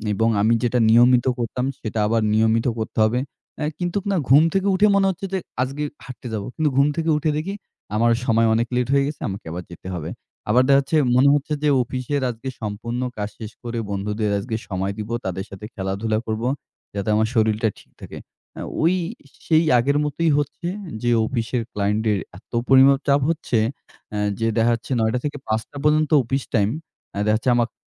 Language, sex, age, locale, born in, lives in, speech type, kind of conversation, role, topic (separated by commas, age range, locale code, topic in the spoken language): Bengali, male, 25-29, bn-BD, Bangladesh, Bangladesh, conversation, advice, user, আমি ব্যায়াম শুরু করেছি, কিন্তু নিয়মিত রাখতে পারছি না—আমি কীভাবে এটি বজায় রাখতে পারি?